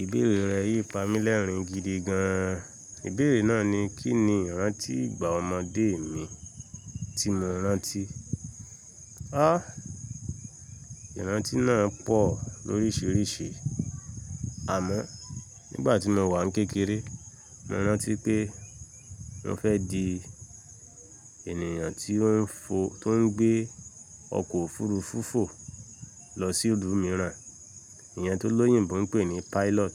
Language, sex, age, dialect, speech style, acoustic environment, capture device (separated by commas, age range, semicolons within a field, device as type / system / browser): Yoruba, male, 25-34, Nigeria - Lagos Yoruba, relaxed, silence, mobile / Linux / Chrome